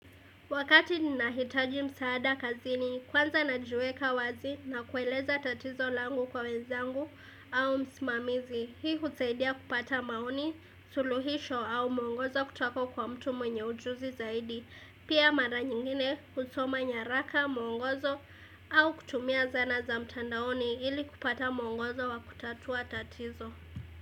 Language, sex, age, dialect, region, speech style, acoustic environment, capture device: Swahili, female, 18-24, Kenya - Mombasa, Kenya, happy, silence, desktop / Windows / Edge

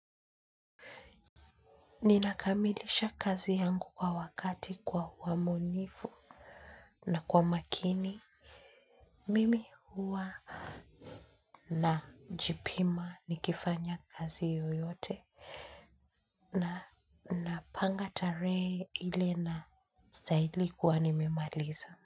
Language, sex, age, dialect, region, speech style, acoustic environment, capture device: Swahili, female, 25-34, Kenya - Nairobi Sheng-influenced, Kenya, focused, silence, mobile / Linux / Chrome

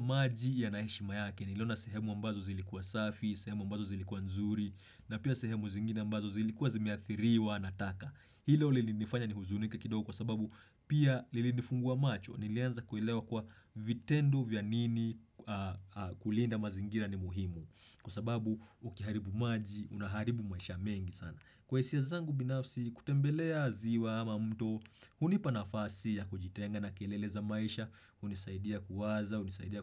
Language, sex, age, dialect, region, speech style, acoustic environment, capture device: Swahili, male, 25-34, Kenya - Nairobi Sheng-influenced, Kenya, happy; relaxed; focused; excited, silence, desktop / Windows / Chrome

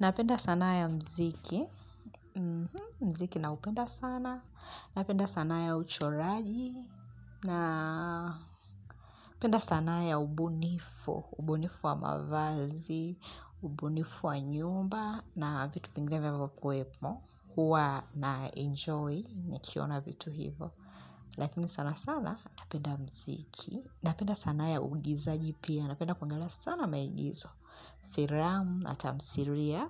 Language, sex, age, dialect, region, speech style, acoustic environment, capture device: Swahili, female, 25-34, Tanzania - Dar es Salaam, Tanzania, relaxed, music; nature, mobile / Linux / Chrome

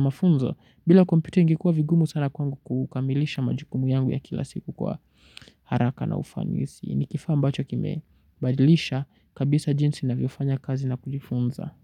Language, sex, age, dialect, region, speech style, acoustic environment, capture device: Swahili, male, 18-24, Kenya - Nairobi Sheng-influenced, Kenya, relaxed, silence, mobile / Linux / Chrome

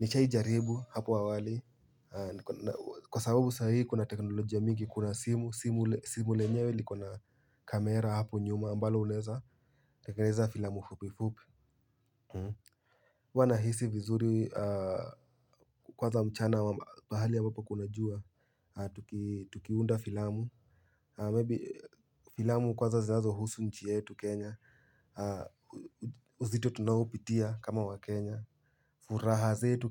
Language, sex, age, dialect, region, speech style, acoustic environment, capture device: Swahili, male, 18-24, Kenya - Nairobi Sheng-influenced, Kenya, happy; excited, silence, mobile / Linux / Chrome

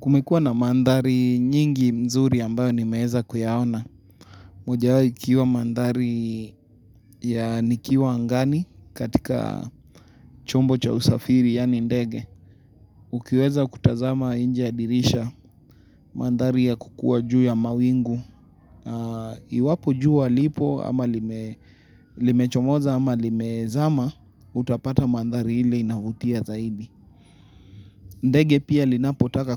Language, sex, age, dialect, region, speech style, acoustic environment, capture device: Swahili, male, 25-34, Kenya - Nairobi Sheng-influenced, Kenya, excited; happy, silence, mobile / Linux / Chrome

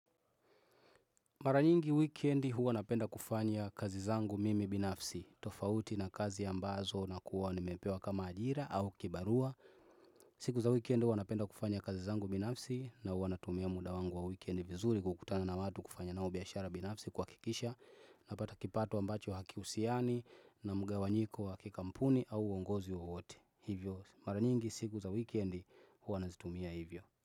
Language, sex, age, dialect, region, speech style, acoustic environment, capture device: Swahili, male, 25-34, Tanzania - Dar es Salaam, Tanzania, happy, nature, desktop / Windows / Chrome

